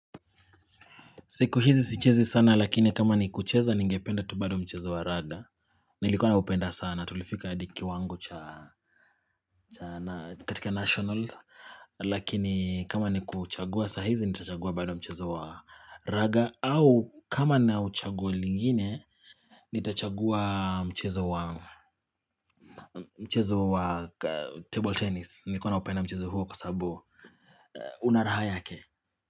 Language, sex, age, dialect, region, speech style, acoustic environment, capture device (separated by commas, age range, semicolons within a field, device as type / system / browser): Swahili, male, 25-34, Kenya - Nairobi Sheng-influenced, Kenya, tired, silence, mobile / Linux / Chrome